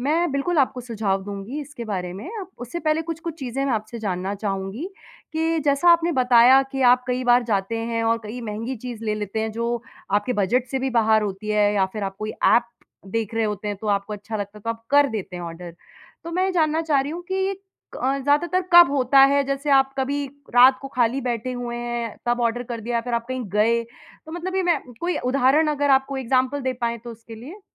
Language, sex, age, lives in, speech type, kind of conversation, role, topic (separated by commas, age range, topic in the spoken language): Hindi, female, 30-34, India, conversation, advice, advisor, अचानक हुई खरीदारी और बाद में पछतावा